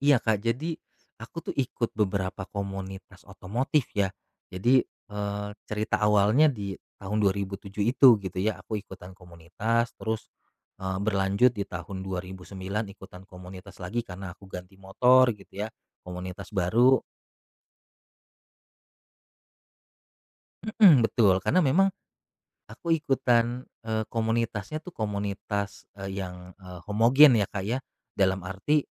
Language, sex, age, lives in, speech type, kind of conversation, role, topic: Indonesian, male, 35-39, Indonesia, conversation, podcast, guest, Bagaimana cara menyeimbangkan pekerjaan dan waktu untuk hobi?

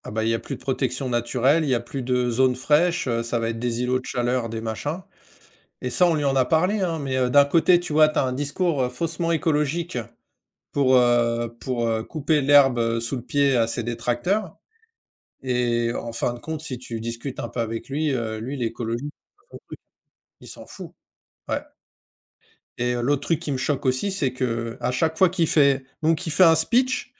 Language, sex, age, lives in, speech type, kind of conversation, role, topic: French, male, 45-49, France, conversation, unstructured, none, Est-ce que la corruption est un problème sérieux dans ta région ?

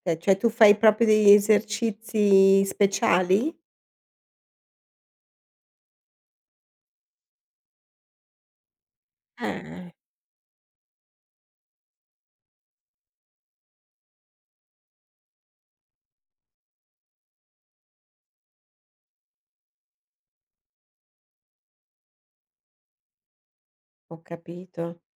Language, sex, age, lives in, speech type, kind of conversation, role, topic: Italian, female, 50-54, Italy, conversation, podcast, host, Come affronti il blocco creativo quando arriva?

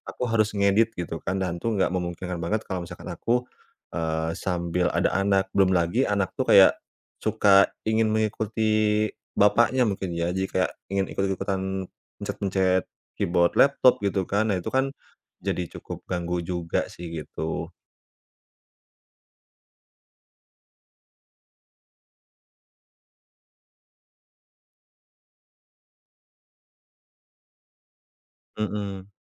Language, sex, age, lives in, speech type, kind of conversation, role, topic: Indonesian, male, 30-34, Indonesia, conversation, advice, user, Bagaimana caranya tetap santai kalau sering terganggu di rumah?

